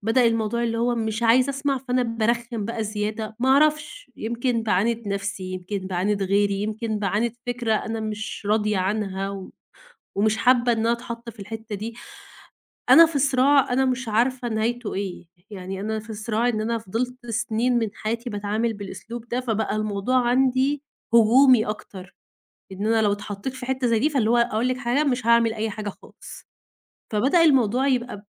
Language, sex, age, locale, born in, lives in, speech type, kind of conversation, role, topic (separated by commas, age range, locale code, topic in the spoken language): Arabic, female, 20-24, ar-EG, Egypt, Egypt, conversation, advice, user, إزاي أتعامل مع مقارنتي المستمرة بالناس وإحساسي إن حياتي أقل قيمة؟